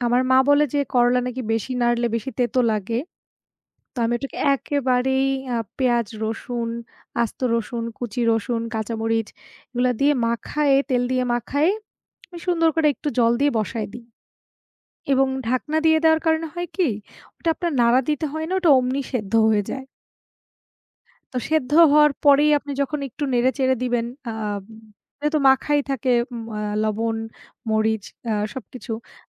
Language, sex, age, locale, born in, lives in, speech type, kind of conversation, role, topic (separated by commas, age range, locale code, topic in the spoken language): Bengali, female, 25-29, bn-BD, Bangladesh, Bangladesh, conversation, unstructured, none, তুমি কি কখনও রান্নায় নতুন কিছু চেষ্টা করেছ?